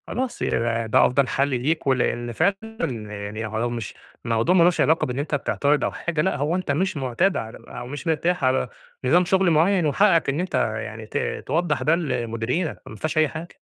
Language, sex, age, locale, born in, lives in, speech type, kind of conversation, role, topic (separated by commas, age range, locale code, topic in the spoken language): Arabic, male, 30-34, ar-EG, Egypt, Egypt, conversation, advice, advisor, إيه سبب النوم الزيادة عندي في النهار، وهل ليه علاقة بالمزاج أو الاكتئاب؟